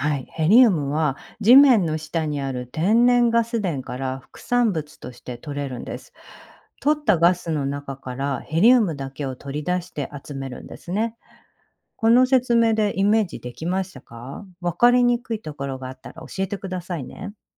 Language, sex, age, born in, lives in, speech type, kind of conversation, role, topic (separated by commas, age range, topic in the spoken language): Japanese, female, 45-49, Japan, United States, read, expert-assistant, expert, なぜ風船は空に浮かぶのか説明してください。